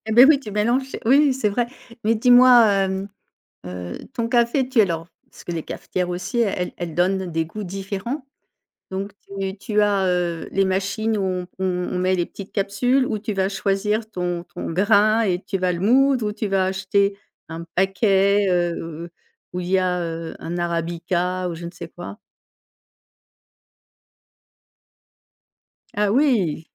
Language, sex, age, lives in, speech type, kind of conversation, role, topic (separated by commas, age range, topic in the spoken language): French, female, 55-59, France, conversation, podcast, host, Quel est ton rituel du matin autour du café ou du thé ?